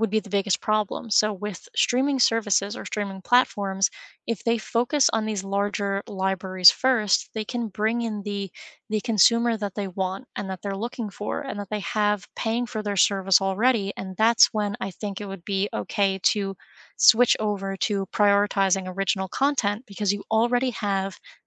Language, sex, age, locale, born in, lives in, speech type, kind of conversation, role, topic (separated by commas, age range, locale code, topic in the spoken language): English, female, 25-29, en-US, United States, United States, conversation, unstructured, none, Should streaming platforms prioritize original content or large licensed libraries?